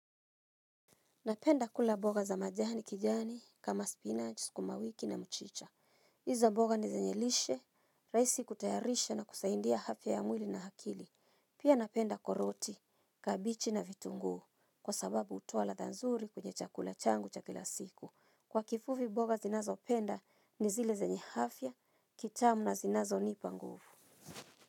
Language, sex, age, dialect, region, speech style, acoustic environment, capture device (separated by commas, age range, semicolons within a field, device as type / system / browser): Swahili, female, 35-44, Kenya - Nairobi Sheng-influenced, Kenya, happy, silence, mobile / Linux / Chrome